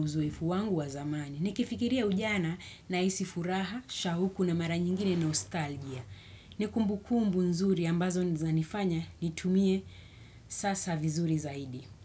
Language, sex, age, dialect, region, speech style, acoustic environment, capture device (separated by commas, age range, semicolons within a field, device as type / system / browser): Swahili, female, 25-34, Kenya - Nairobi Sheng-influenced, Kenya, happy, nature, desktop / Windows / Chrome